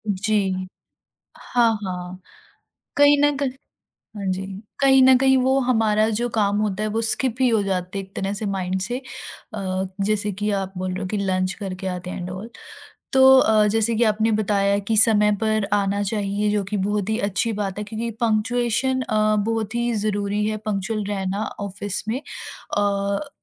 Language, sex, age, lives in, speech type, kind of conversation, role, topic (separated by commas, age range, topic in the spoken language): Hindi, female, 40-44, India, conversation, podcast, host, Workplace mein logon ka bharosa jeetne ke sabse simple tareeke kya hain?